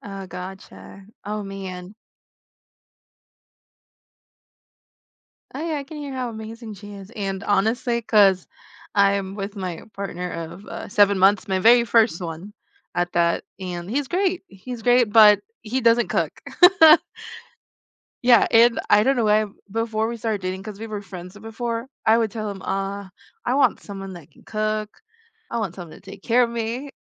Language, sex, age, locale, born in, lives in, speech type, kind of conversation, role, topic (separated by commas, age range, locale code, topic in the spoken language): English, female, 20-24, en-US, Philippines, United States, conversation, unstructured, none, How do I start a food tradition with friends that will stick?